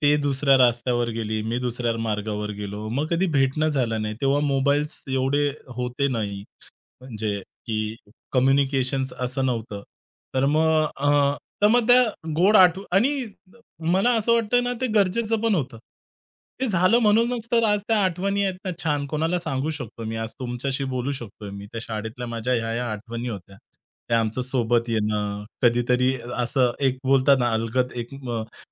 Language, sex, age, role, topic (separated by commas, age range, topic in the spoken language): Marathi, male, 30-34, guest, तुझ्या शालेय आयुष्यातली आवडती आठवण कोणती आहे?